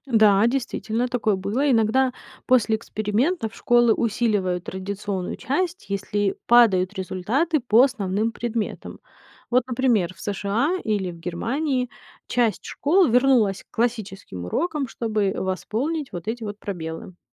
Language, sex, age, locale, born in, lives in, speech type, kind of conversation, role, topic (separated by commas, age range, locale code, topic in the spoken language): Russian, female, 30-34, ru-RU, Ukraine, United States, read, expert-assistant, expert, Что значит, когда говорят, что школа работает по «традиционной системе»?